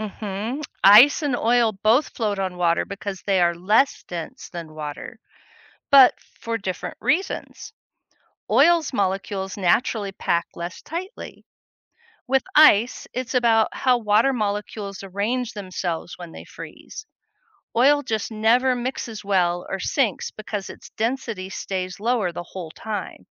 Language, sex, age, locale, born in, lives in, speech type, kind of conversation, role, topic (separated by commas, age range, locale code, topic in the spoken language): English, female, 60-64, en-US, United States, United States, read, expert-assistant, expert, Why does ice float on water?